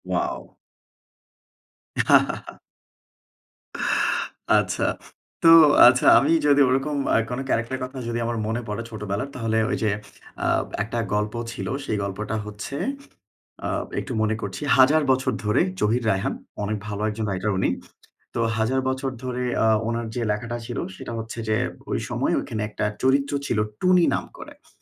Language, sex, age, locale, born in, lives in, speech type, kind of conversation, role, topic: Bengali, male, 25-29, bn-BD, Bangladesh, Bangladesh, conversation, unstructured, none, প্রথম প্রেমের স্মৃতি আজও কেন মনে থাকে?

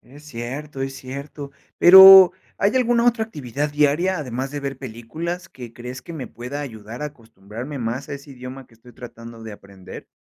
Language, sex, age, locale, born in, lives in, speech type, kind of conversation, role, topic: Spanish, male, 30-34, es-MX, Mexico, Mexico, read, expert-assistant, asker, ¿Qué sucede en el cerebro cuando aprendemos algo nuevo?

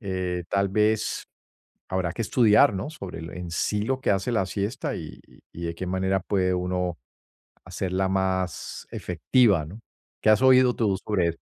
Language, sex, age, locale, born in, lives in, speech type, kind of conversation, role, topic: Spanish, male, 55-59, es-US, Colombia, United States, conversation, advice, user, ¿Cuánto debería durar mi siesta para sentirme mejor?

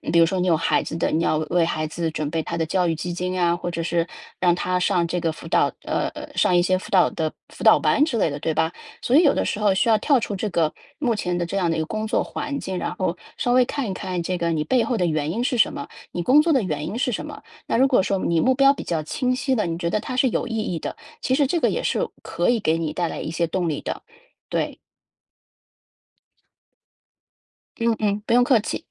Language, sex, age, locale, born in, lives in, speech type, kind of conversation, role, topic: Chinese, female, 35-39, zh-CN, China, United States, conversation, advice, advisor, 我怎样在重复又无聊的任务中找到动力？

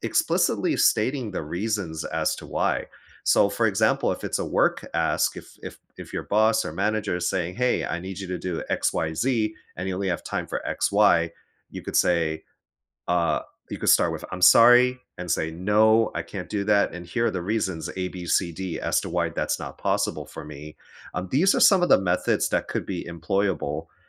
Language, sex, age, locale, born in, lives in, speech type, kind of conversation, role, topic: English, male, 35-39, en-US, United States, United States, conversation, advice, advisor, How can I say no to friends, family, or coworkers without feeling guilty?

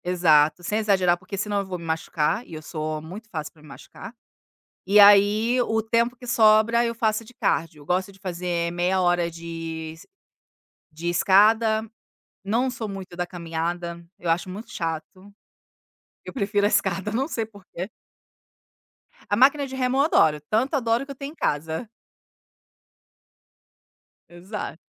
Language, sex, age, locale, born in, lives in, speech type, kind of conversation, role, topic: Portuguese, female, 40-44, pt-BR, Brazil, Italy, conversation, podcast, guest, Como começar a praticar exercícios sem exagerar?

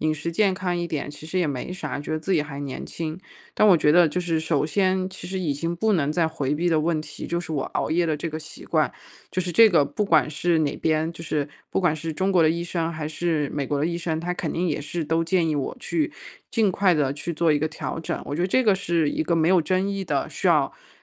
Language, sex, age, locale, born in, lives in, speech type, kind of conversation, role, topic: Chinese, female, 35-39, zh-CN, China, United States, conversation, advice, user, 我怎么判断自己是需要休息还是需要调整？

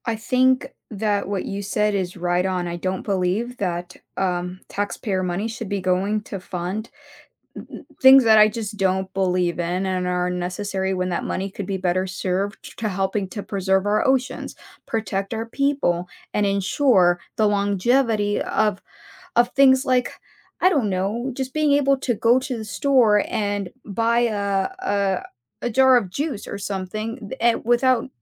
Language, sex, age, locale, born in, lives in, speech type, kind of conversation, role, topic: English, female, 30-34, en-US, United States, United States, conversation, podcast, host, How can we make reducing plastic waste a simple part of everyday life?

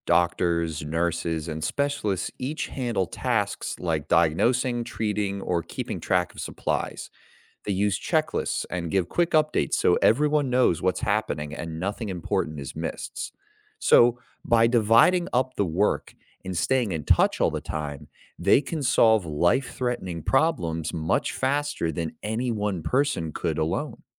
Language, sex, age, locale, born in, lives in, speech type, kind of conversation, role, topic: English, male, 25-29, en-US, United States, United States, read, expert-assistant, expert, How do people use teamwork to solve complex problems?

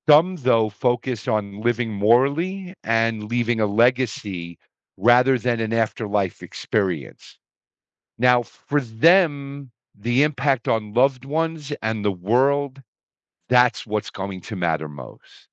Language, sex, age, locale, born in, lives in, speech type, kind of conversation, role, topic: English, male, 55-59, en-US, United States, United States, read, expert-assistant, expert, How do different religions approach the concept of afterlife?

